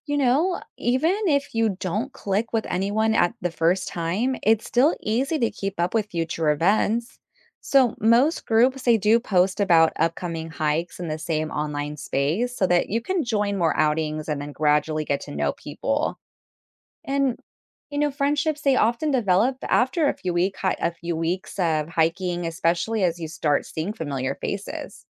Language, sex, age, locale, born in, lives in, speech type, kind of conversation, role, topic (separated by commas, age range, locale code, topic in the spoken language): English, female, 35-39, en-US, United States, United States, read, expert-assistant, expert, Where can I find reliable websites or guides to discover new outdoor activities near me?